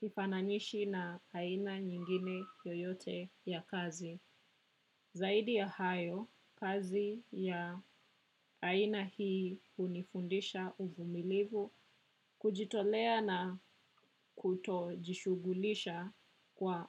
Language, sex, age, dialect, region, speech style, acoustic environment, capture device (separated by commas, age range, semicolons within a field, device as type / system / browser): Swahili, female, 25-34, Kenya - Nairobi Sheng-influenced, Kenya, happy, people talking, desktop / macOS / Chrome